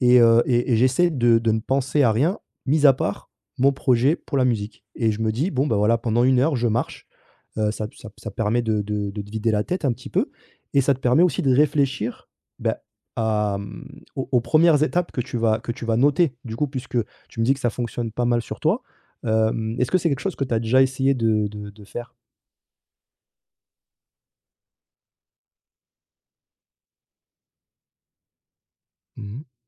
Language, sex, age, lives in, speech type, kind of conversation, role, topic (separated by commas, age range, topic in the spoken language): French, male, 30-34, France, conversation, advice, advisor, Comment adopter de petites habitudes réalistes quand on se sent découragé·e par des attentes très élevées ?